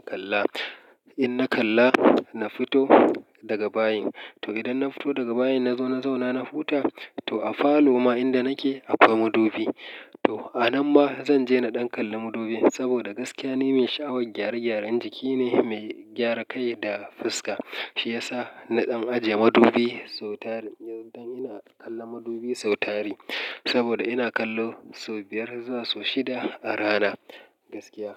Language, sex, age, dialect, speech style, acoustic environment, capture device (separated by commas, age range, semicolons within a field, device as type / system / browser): Hausa, male, 35-44, Nigeria - Kano, relaxed; happy; excited, nature, mobile / Linux / Chrome